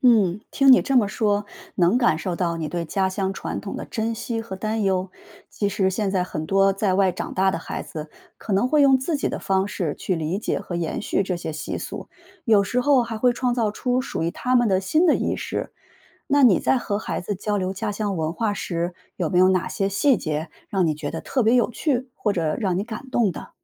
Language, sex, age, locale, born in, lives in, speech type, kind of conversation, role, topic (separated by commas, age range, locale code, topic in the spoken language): Chinese, female, 35-39, zh-CN, China, United States, read, expert-assistant, expert, 你觉得家乡的风俗和节日对你来说意味着什么？如果没有这些传统，你会有什么不同的感受？